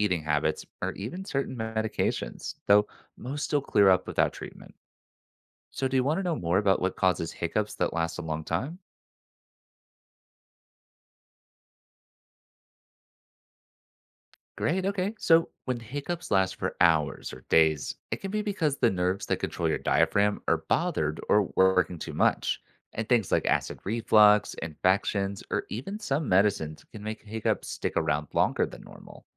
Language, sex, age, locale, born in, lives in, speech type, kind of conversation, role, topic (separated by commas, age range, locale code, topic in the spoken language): English, male, 25-29, en-US, United States, United States, read, expert-assistant, expert, What causes hiccups and how can they be managed?